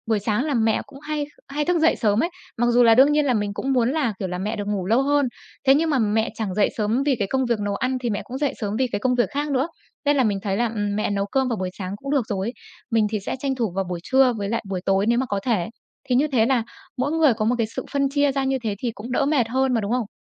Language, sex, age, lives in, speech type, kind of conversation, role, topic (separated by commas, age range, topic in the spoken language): Vietnamese, female, 25-29, Vietnam, conversation, podcast, guest, Bạn phân chia việc nhà với người thân như thế nào?